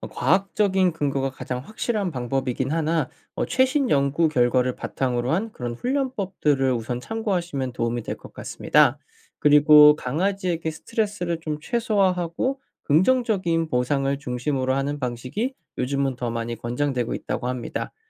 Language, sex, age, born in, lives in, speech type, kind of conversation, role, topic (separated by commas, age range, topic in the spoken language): Korean, male, 25-29, South Korea, United States, read, expert-assistant, expert, 강아지 훈련법은 어디서 어떻게 배우는 것이 가장 좋을까요?